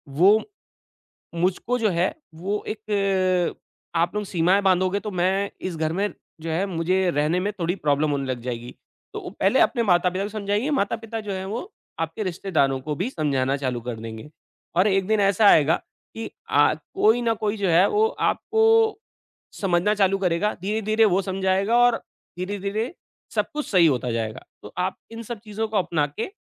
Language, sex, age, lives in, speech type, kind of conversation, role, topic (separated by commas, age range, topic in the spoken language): Hindi, male, 40-44, India, conversation, advice, advisor, परिवार की मुलाकातों में रिश्ते खराब किए बिना अपनी सीमाएँ कैसे तय करूँ?